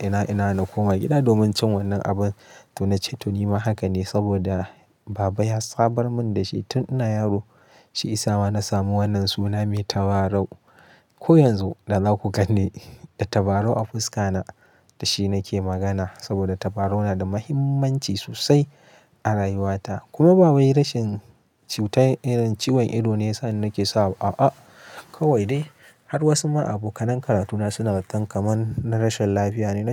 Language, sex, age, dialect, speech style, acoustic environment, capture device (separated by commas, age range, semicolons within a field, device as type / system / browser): Hausa, male, 25-34, Nigeria - Kano, happy, nature, mobile / Linux / Chrome